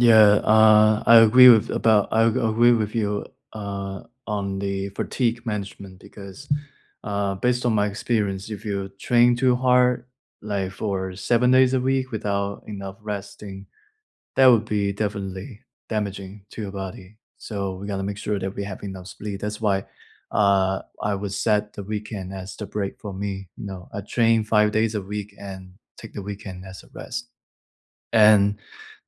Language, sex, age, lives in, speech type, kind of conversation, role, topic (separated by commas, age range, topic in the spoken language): English, male, 25-29, United States, conversation, unstructured, none, If you could wipe your weekly routine clean, what would you create to support your goals over the next few years?